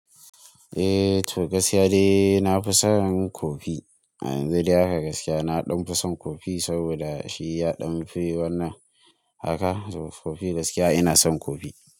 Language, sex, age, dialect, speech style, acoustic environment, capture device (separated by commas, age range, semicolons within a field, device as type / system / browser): Hausa, male, 18-24, Nigeria - Kano, relaxed, nature, mobile / Linux / Chrome